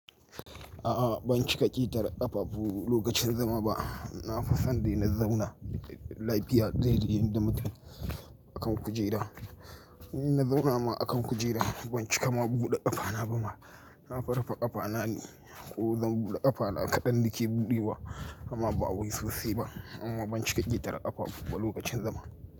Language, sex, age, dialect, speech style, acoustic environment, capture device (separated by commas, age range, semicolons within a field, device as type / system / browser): Hausa, male, 35-44, Nigeria - Kano, happy; tired, people talking; nature, mobile / Linux / Chrome